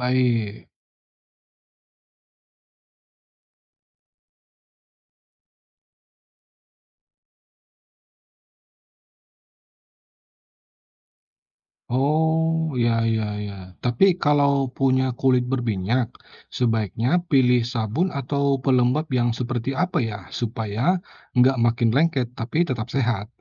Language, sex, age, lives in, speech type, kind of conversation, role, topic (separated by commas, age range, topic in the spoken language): Indonesian, male, 35-39, Indonesia, read, expert-assistant, asker, Mengapa air hujan terasa segar saat menyentuh kulit?